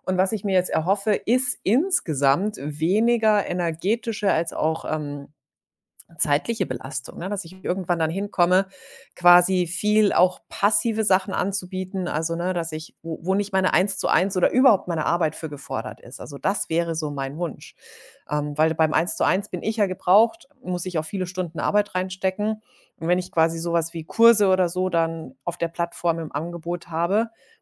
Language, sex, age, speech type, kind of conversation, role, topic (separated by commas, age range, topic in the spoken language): German, female, 40-44, conversation, advice, user, Wie kann ich entscheiden, ob ich den Jobwechsel wirklich wagen will – ohne ständig nach Bestätigung zu suchen?